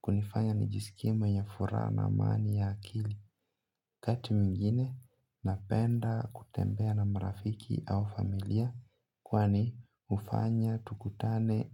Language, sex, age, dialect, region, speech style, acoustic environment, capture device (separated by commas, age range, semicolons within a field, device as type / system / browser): Swahili, male, 25-34, Kenya - Mombasa, Kenya, relaxed, nature; appliances, mobile / Linux / Chrome